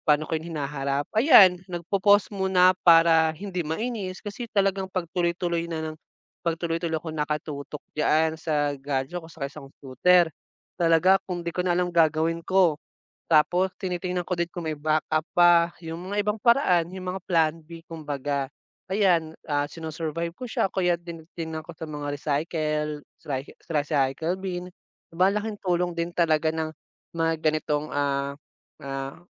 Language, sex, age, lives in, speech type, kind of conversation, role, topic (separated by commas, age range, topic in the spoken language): Filipino, male, 25-29, Philippines, conversation, unstructured, none, Paano nakakatulong ang teknolohiya sa araw-araw mong buhay?